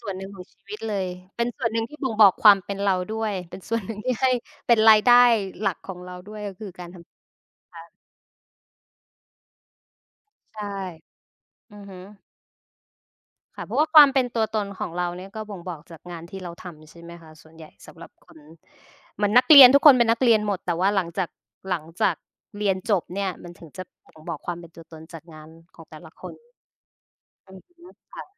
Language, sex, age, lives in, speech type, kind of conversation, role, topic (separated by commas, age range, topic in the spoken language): Thai, female, 25-29, Thailand, conversation, unstructured, none, วันทำงานแบบไหนที่คุณรู้สึกว่าสนุกที่สุด?